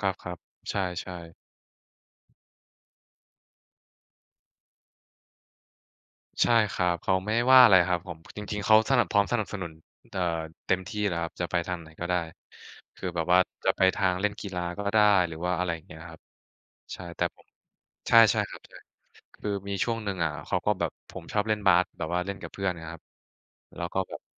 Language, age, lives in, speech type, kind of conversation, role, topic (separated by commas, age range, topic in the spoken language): Thai, 20-24, Thailand, conversation, unstructured, none, คุณจะทำอย่างไรเมื่อครอบครัวไม่เห็นด้วยกับการตัดสินใจของคุณ?